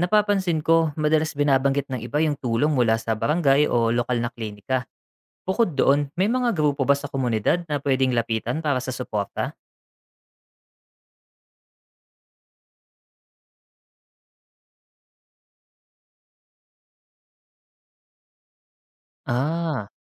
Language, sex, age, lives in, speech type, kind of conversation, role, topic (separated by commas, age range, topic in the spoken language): Filipino, male, 35-39, Philippines, read, expert-assistant, asker, Ano ang mga hamon at solusyon sa pag-aalaga sa isang mahal sa buhay na may malalang karamdaman sa bahay, lalo na kung kulang sa medikal na kagamitan o kaalaman?